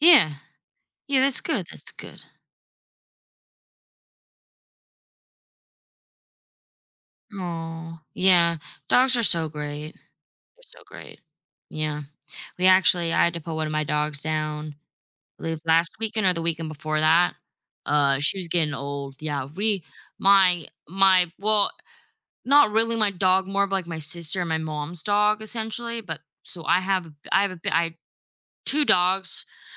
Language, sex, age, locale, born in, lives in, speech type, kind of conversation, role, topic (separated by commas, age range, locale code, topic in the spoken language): English, female, 25-29, en-US, United States, United States, conversation, unstructured, none, What makes pets so important in people’s lives?